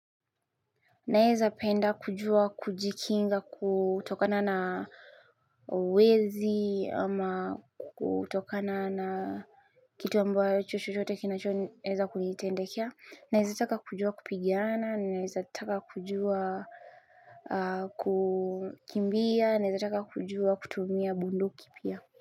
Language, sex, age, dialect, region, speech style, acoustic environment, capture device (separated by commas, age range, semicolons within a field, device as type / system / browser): Swahili, female, 25-34, Kenya - Nairobi Sheng-influenced, Kenya, relaxed, nature, mobile / Linux / Chrome